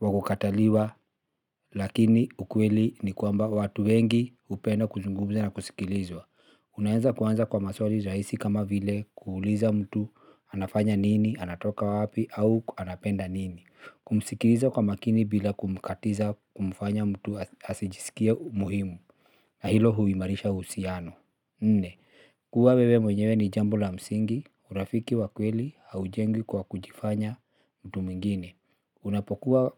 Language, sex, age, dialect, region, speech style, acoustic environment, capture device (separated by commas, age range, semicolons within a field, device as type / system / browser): Swahili, male, 35-44, Kenya - Nairobi Sheng-influenced, Kenya, happy, silence, mobile / Linux / Chrome